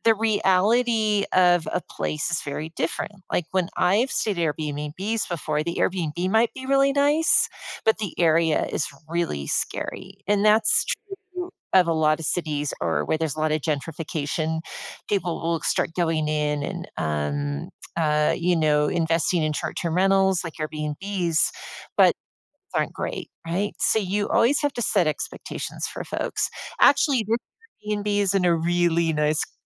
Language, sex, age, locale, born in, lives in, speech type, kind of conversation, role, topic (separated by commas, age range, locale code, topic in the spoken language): English, female, 55-59, en-US, United States, United States, conversation, advice, user, How can I better balance work and personal life?